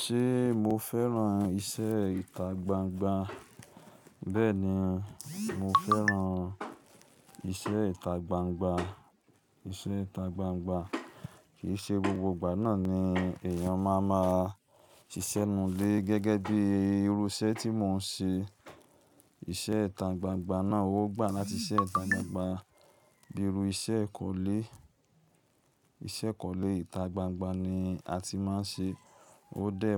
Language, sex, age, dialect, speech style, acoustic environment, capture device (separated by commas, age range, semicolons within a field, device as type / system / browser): Yoruba, male, 25-34, Nigeria - Lagos Yoruba, happy, silence, mobile / Linux / Chrome